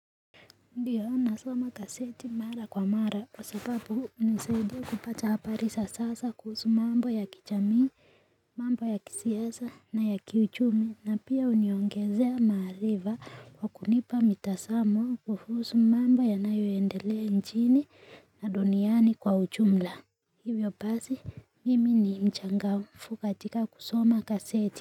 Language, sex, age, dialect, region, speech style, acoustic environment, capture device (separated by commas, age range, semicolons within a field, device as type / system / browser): Swahili, female, 18-24, Kenya - Nairobi Sheng-influenced, Kenya, happy, nature, mobile / Linux / Chrome